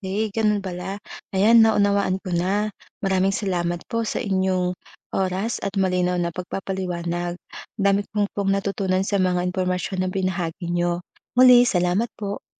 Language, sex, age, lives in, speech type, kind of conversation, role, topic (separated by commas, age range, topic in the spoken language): Filipino, female, 30-34, Philippines, read, expert-assistant, asker, Paano makakatulong ang pagbabasa ng mga kwento mula sa iba’t ibang kultura sa paghubog ng ating pananaw sa buhay?